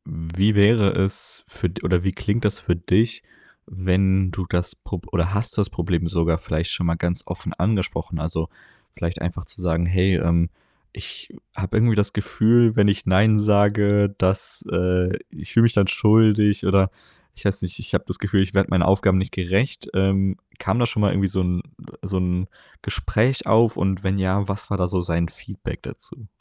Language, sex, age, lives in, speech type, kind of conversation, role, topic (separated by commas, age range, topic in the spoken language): German, male, 20-24, Germany, conversation, advice, advisor, Wie kann ich im Job klar Nein sagen, ohne mich danach schuldig zu fühlen?